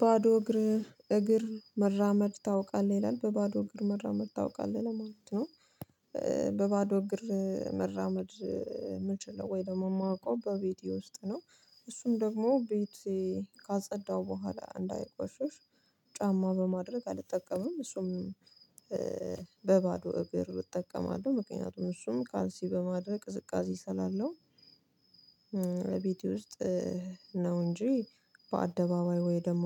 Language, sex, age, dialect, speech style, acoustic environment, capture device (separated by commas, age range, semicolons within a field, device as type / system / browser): Amharic, female, 25-34, Ethiopia - Addis Ababa, focused; relaxed, silence, mobile / Linux / Chrome